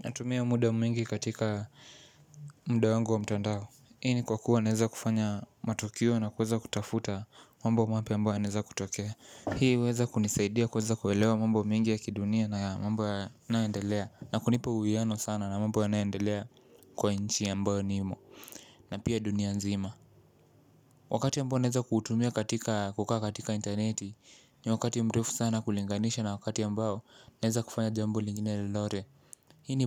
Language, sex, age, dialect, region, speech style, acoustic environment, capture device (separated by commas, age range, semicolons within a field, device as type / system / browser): Swahili, male, 18-24, Kenya - Mombasa, Kenya, tired; relaxed, silence, mobile / Linux / Chrome